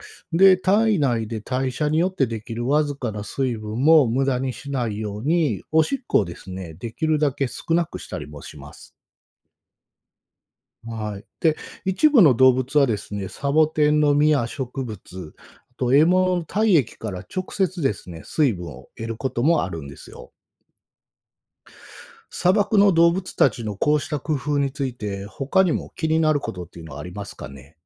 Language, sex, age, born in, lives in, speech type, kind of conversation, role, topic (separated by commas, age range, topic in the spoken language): Japanese, male, 45-49, Japan, Japan, read, expert-assistant, expert, なぜ砂漠には雨が少ないのでしょうか？